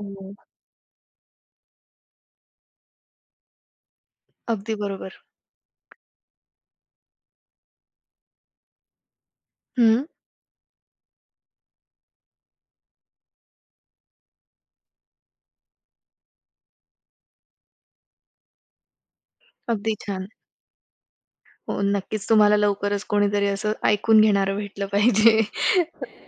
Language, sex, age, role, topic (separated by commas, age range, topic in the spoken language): Marathi, female, 25-29, host, एकमेकांना ऐकण्याची सवय कशी वाढवावी?